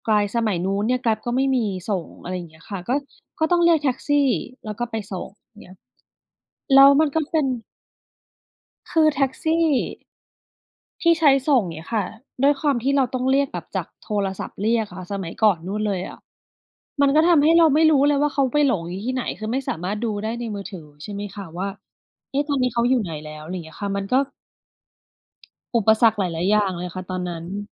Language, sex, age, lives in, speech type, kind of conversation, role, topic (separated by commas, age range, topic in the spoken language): Thai, female, 40-44, Thailand, conversation, unstructured, none, คุณเคยรู้สึกว่าครอบครัวไม่สนับสนุนคุณไหม และคุณโกรธเพราะอะไร?